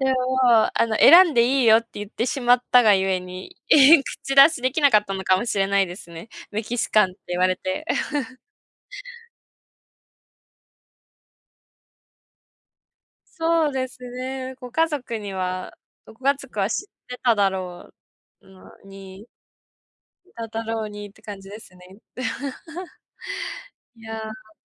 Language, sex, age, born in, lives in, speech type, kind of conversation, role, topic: Japanese, female, 20-24, Japan, Japan, conversation, unstructured, none, 食べ物の好き嫌いが原因で家族とケンカしたことはありますか？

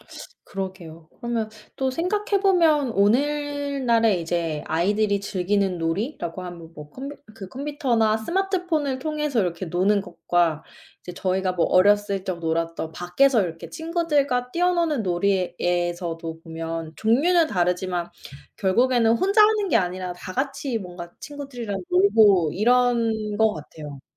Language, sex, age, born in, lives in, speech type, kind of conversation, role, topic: Korean, female, 25-29, South Korea, United States, conversation, unstructured, none, 어린 시절에 가장 좋아했던 놀이 활동은 지금의 당신에게 어떤 영향을 미쳤나요?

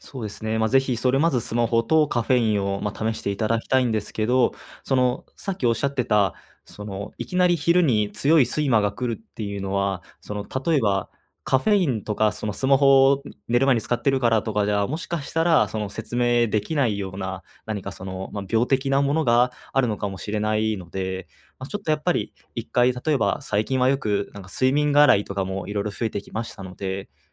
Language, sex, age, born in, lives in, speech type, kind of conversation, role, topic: Japanese, male, 20-24, Japan, Japan, conversation, advice, advisor, 夜、寝つけない日が続いていますが、どうすれば改善できますか？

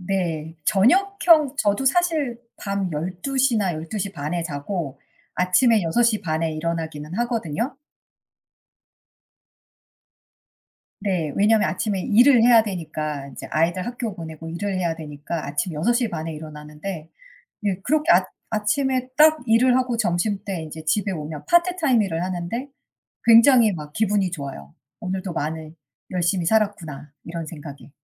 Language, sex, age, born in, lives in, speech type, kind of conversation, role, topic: Korean, female, 45-49, United States, United States, conversation, unstructured, none, 아침형 인간과 저녁형 인간 중 어느 쪽이 더 생산적이라고 생각하시나요?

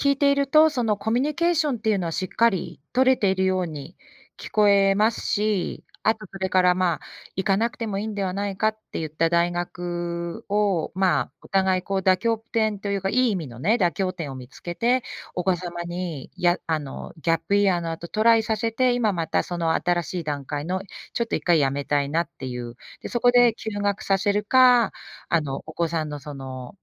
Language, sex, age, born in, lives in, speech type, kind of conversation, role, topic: Japanese, female, 45-49, Japan, United States, conversation, advice, advisor, 子どものしつけ方をめぐって親同士が対立しているとき、どうすればよいですか？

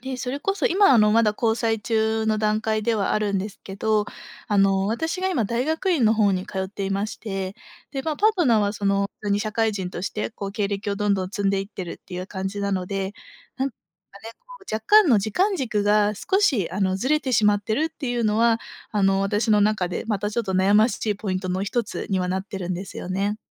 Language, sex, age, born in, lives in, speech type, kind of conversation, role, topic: Japanese, female, 25-29, Japan, Japan, conversation, advice, user, 結婚するか独身でいるかで悩んでいるのですが、どう考えればよいですか?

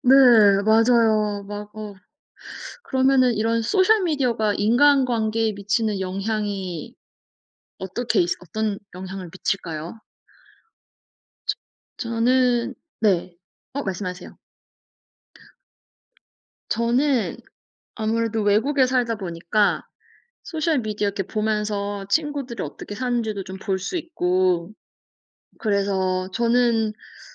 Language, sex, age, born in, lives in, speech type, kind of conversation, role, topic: Korean, female, 35-39, South Korea, Germany, conversation, unstructured, none, 소셜미디어가 우리의 인간관계에 어떤 영향을 미칠까요?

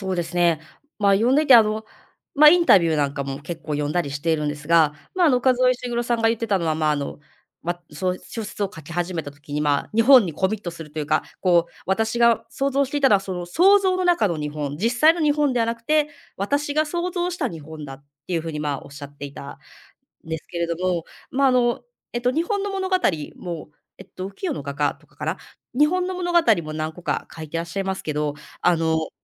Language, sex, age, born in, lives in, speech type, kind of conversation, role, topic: Japanese, female, 35-39, Japan, Japan, conversation, podcast, guest, 物語に慰められた経験はありますか？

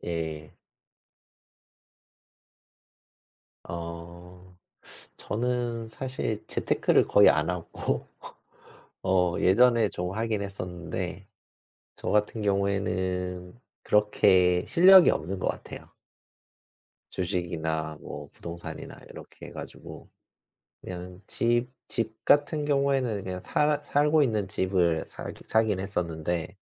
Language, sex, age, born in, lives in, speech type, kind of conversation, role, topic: Korean, male, 40-44, South Korea, South Korea, conversation, unstructured, none, 투자를 시작할 때 가장 중요한 것은 무엇이라고 생각하시나요?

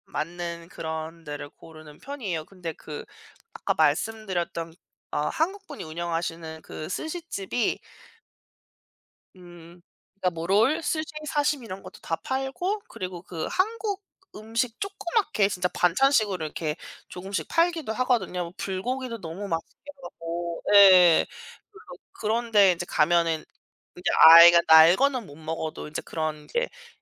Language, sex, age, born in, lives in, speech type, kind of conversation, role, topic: Korean, female, 35-39, South Korea, United States, conversation, unstructured, none, 가족과 함께 가고 싶은 맛집은 어디인가요?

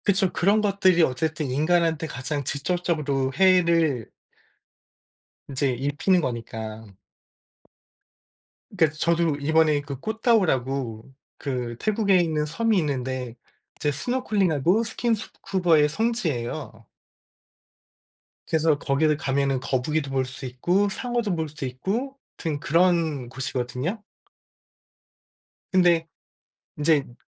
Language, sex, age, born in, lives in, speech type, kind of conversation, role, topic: Korean, male, 45-49, South Korea, United States, conversation, unstructured, none, 환경오염 문제에 대해 어떻게 생각하세요?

